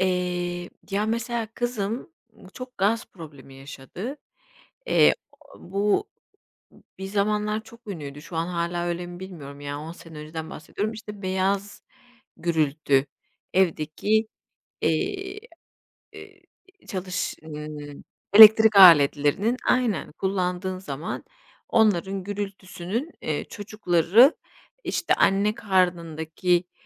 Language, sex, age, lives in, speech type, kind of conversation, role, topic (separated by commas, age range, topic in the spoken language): Turkish, female, 40-44, Spain, conversation, podcast, guest, Çocuklarınızı uykuya hazırlarken hangi ritüelleri uyguluyorsunuz, anlatır mısınız?